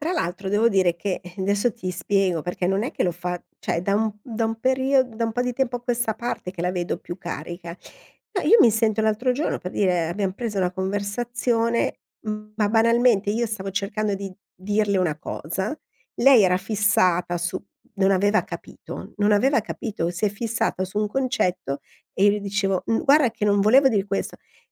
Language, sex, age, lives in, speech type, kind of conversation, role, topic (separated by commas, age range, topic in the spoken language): Italian, female, 50-54, Italy, conversation, advice, user, Come posso parlare dei problemi in una relazione senza scatenare conflitti?